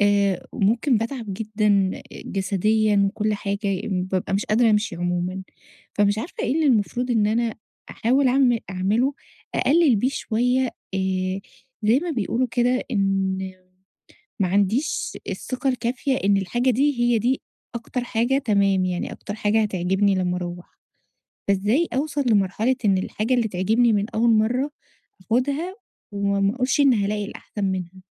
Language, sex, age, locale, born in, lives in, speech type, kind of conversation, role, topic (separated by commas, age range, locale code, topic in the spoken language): Arabic, female, 30-34, ar-EG, Egypt, Egypt, conversation, advice, user, إزاي أشتري حاجات كويسة من غير ما أضيّع وقتي ولا فلوسي؟